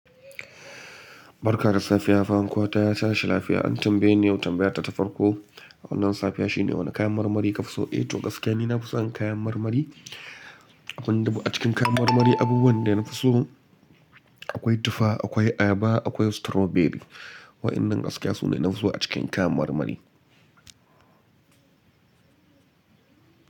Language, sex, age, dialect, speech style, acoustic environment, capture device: Hausa, male, 25-34, Nigeria - Kano, relaxed, nature; appliances, mobile / Linux / Chrome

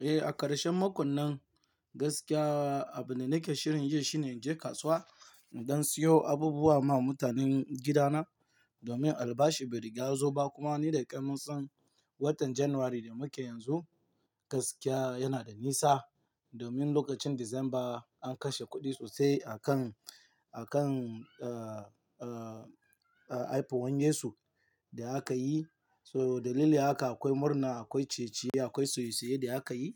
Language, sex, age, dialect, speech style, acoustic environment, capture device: Hausa, male, 18-24, Nigeria - Lagos Yoruba, tired; nervous, nature, mobile / Linux / Chrome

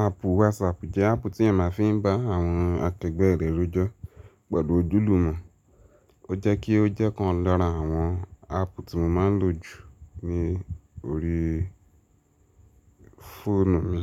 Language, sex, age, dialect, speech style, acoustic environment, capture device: Yoruba, male, 18-24, Nigeria - Ibadan, nervous, silence, mobile / Linux / Chrome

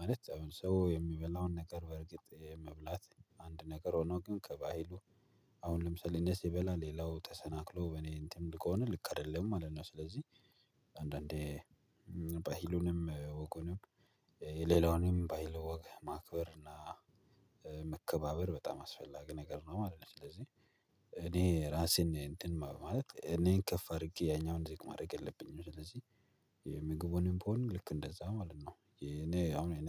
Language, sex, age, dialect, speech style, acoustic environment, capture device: Amharic, male, 25-34, Ethiopia - Addis Ababa, relaxed, silence, mobile / Linux / Chrome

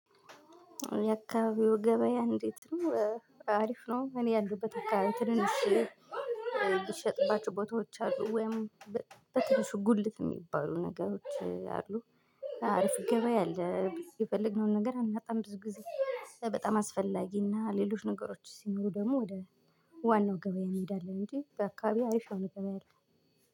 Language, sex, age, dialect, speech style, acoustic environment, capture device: Amharic, female, 25-34, Ethiopia - Gojjam, relaxed; excited; happy, silence, mobile / Linux / Chrome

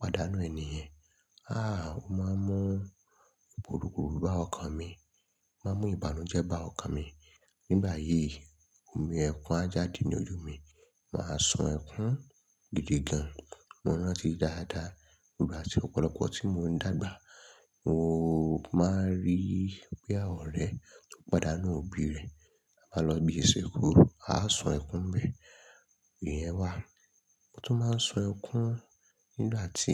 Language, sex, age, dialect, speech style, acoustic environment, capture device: Yoruba, male, 25-34, Nigeria - Lagos Yoruba, nervous; excited; focused; relaxed, silence; people talking; nature; traffic, mobile / Linux / Chrome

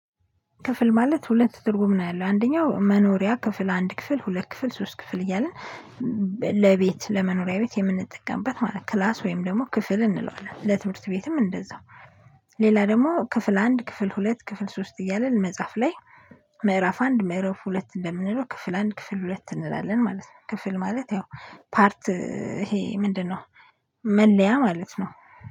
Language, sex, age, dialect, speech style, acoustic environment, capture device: Amharic, female, 25-34, Ethiopia - Addis Ababa, focused, silence, mobile / Linux / Chrome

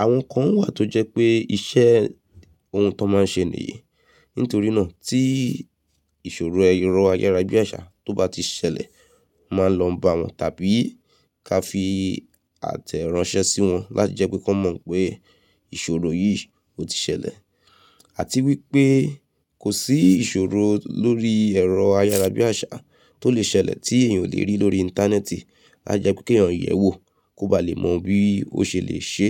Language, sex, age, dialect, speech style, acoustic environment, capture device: Yoruba, female, 35-44, Nigeria - Nigerian Standard, focused, nature; silence, mobile / Linux / Chrome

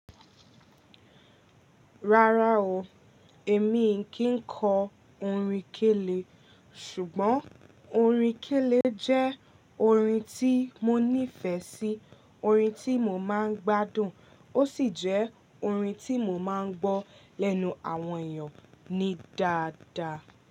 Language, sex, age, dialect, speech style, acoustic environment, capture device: Yoruba, female, 18-24, Nigeria - Ibadan, relaxed, nature, mobile / Linux / Chrome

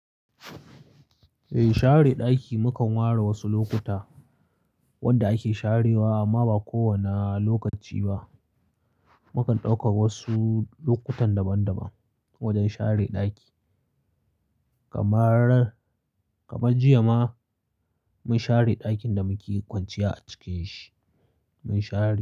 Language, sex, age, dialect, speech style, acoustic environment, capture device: Hausa, male, 25-34, Nigeria - Kano, anxious; focused, silence, mobile / Linux / Chrome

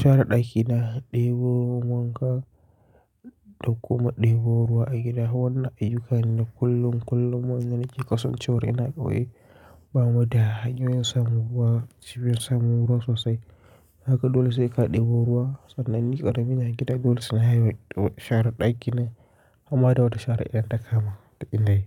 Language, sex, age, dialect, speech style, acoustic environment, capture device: Hausa, male, 25-34, Nigeria - Kano, focused, nature, mobile / Linux / Chrome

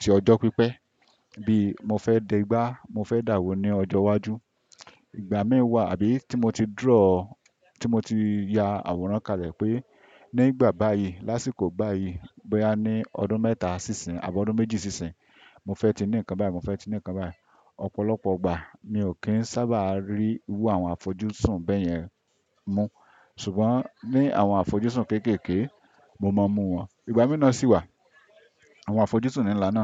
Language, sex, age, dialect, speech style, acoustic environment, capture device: Yoruba, male, 25-34, Nigeria - Ibadan, happy; focused; relaxed, silence, desktop / Windows / Chrome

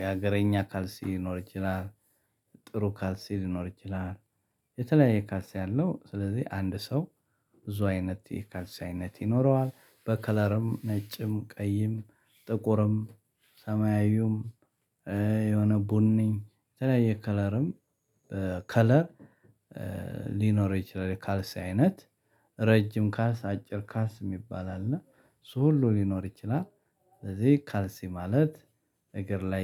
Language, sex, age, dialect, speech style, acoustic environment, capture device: Amharic, male, 35-44, Ethiopia - Addis Ababa, happy; excited, people talking, mobile / Linux / Chrome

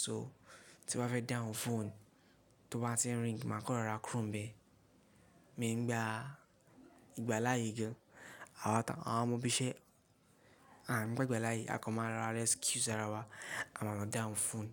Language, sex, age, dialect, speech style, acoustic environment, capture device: Yoruba, male, 18-24, Nigeria - Lagos Yoruba, relaxed; focused, people talking; nature, mobile / Linux / Chrome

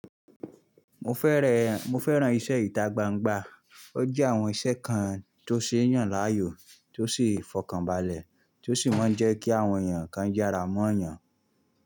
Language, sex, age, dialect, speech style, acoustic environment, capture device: Yoruba, male, 25-34, Nigeria - Nigerian Standard, happy, silence, mobile / Linux / Chrome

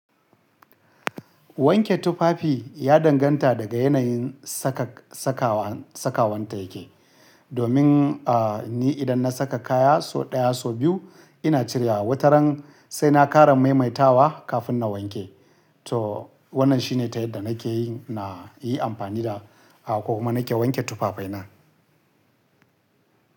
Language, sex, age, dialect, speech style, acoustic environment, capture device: Hausa, male, 35-44, Nigeria - Kano, relaxed, silence, mobile / Linux / Chrome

